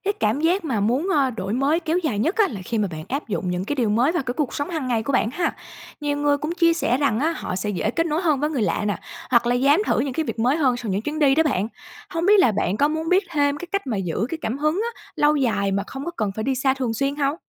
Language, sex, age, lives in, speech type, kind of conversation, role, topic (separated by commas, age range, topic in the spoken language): Vietnamese, female, 25-29, Vietnam, read, expert-assistant, expert, Vì sao con người thường cảm thấy thôi thúc khám phá những địa điểm xa lạ khi đi du lịch?